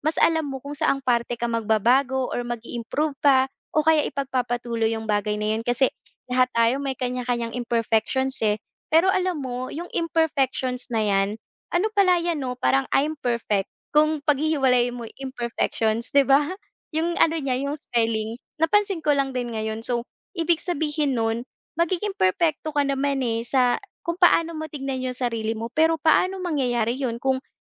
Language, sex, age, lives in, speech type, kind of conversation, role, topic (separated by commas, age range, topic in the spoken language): Filipino, female, 25-29, Philippines, conversation, podcast, guest, Ano ang ginagawa mo para mas makilala ang sarili mo?